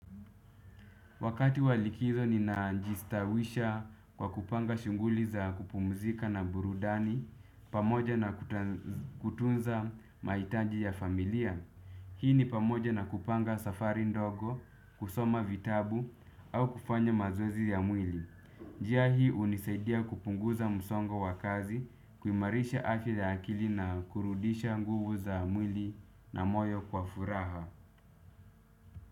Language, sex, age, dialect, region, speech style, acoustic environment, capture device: Swahili, male, 25-34, Kenya - Nairobi Sheng-influenced, Kenya, relaxed; focused, people talking; music; appliances, desktop / Windows / Chrome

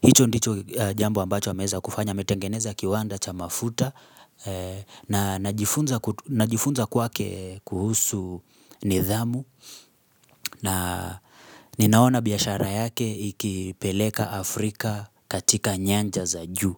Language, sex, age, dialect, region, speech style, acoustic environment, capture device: Swahili, male, 25-34, Kenya - Nairobi Sheng-influenced, Kenya, happy; focused; excited, silence, mobile / Linux / Chrome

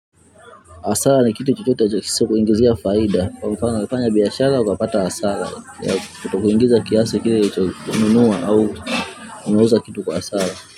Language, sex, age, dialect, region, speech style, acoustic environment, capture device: Swahili, male, 35-44, Tanzania - Dar es Salaam, Tanzania, focused, nature, mobile / Linux / Chrome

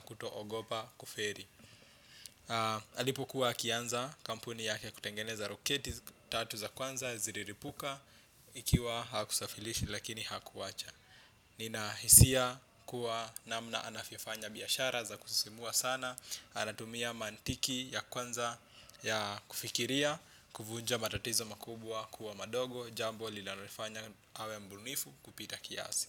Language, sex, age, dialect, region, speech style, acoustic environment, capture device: Swahili, male, 25-34, Kenya - Nairobi Sheng-influenced, Kenya, relaxed; focused, silence, desktop / Windows / Chrome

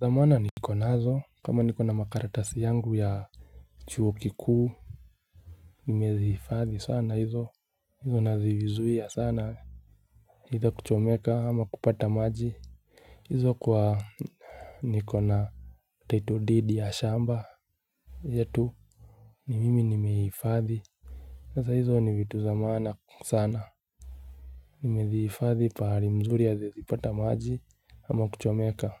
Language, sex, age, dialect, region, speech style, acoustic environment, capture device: Swahili, male, 25-34, Kenya - Nairobi Sheng-influenced, Kenya, relaxed, silence, mobile / Linux / Chrome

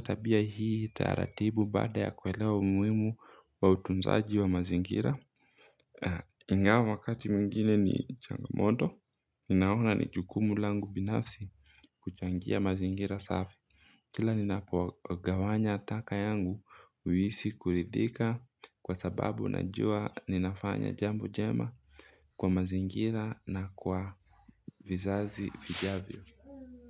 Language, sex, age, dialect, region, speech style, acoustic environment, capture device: Swahili, male, 25-34, Kenya - Mombasa, Kenya, anxious, nature; silence, mobile / Linux / Chrome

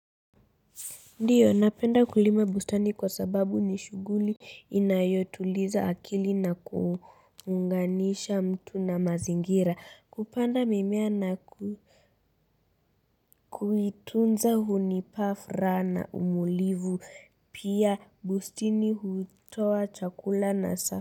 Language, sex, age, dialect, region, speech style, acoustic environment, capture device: Swahili, female, 35-44, Kenya - Nairobi Sheng-influenced, Kenya, relaxed, silence, mobile / Linux / Chrome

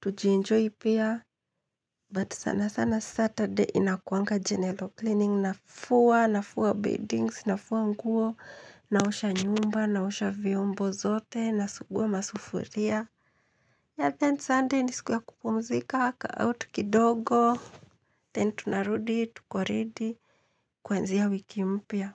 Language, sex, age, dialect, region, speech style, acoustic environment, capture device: Swahili, female, 25-34, Kenya - Nairobi Sheng-influenced, Kenya, happy, silence, mobile / Linux / Chrome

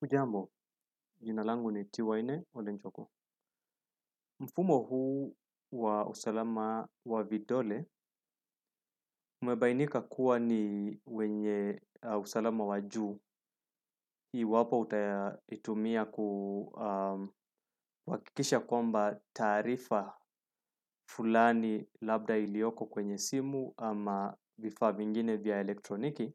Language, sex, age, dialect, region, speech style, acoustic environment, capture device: Swahili, male, 35-44, Kenya - Nairobi Sheng-influenced, Kenya, relaxed, silence, desktop / Windows / Chrome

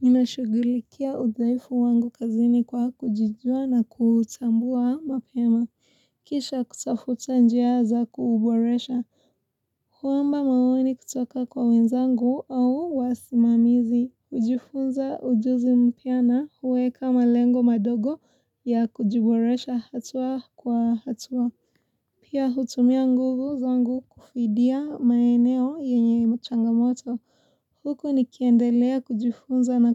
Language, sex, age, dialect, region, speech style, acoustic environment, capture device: Swahili, female, 18-24, Kenya - Mombasa, Kenya, relaxed; focused, silence, mobile / Linux / Chrome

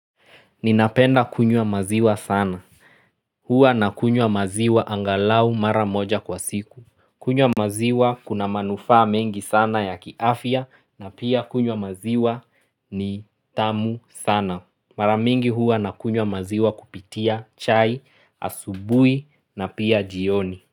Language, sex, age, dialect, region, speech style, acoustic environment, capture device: Swahili, male, 25-34, Kenya - Nairobi Sheng-influenced, Kenya, relaxed, silence, mobile / Linux / Chrome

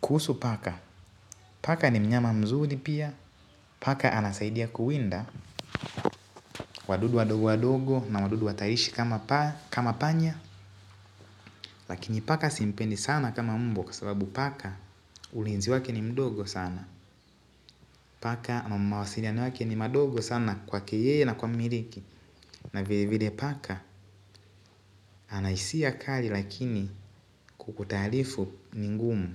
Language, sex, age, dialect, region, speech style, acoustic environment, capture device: Swahili, male, 18-24, Tanzania - Dar es Salaam, Tanzania, focused, nature; appliances, mobile / Linux / Chrome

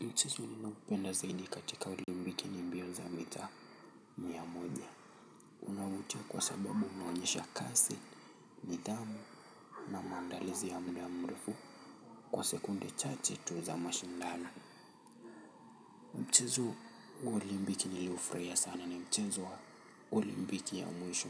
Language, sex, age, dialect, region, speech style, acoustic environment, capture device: Swahili, male, 25-34, Kenya - Nairobi Sheng-influenced, Kenya, relaxed, nature; people talking, mobile / Linux / Chrome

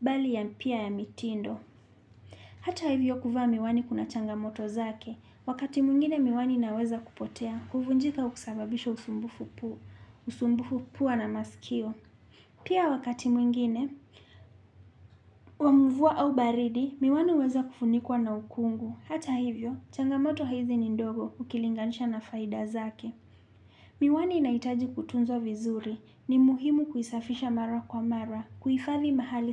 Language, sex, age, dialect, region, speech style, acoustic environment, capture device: Swahili, male, 25-34, Kenya - Nairobi Sheng-influenced, Kenya, happy, nature, desktop / Linux / Chrome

